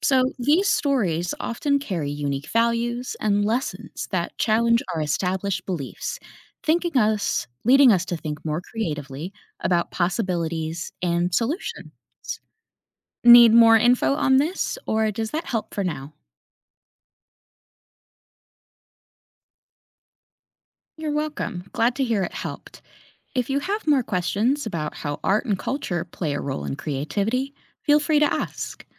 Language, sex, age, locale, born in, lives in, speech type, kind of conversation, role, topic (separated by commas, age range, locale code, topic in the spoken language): English, female, 30-34, en-US, United States, United States, read, expert-assistant, expert, How does exposure to art and culture influence creativity?